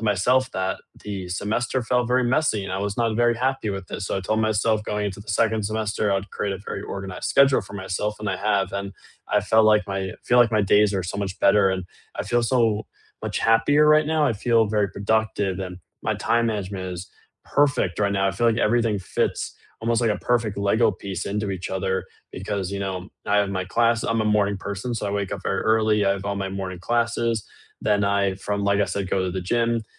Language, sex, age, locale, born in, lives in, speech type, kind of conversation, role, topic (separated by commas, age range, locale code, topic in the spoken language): English, male, 18-19, en-US, United States, United States, conversation, unstructured, none, What is your favorite way to unwind?